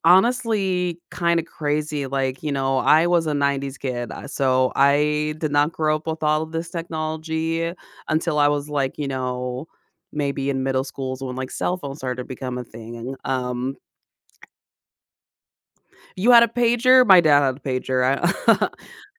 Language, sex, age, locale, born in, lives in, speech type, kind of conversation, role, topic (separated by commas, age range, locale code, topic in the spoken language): English, female, 35-39, en-US, United States, United States, conversation, unstructured, none, How can playing video games help people learn about different cultures and perspectives?